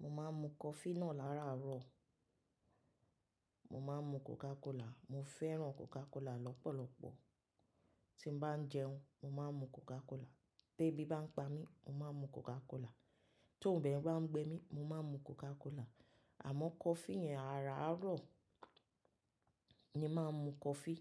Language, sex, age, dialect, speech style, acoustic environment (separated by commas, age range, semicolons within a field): Yoruba, female, 35-44, Nigeria - Lagos Yoruba, happy; relaxed; excited; focused, silence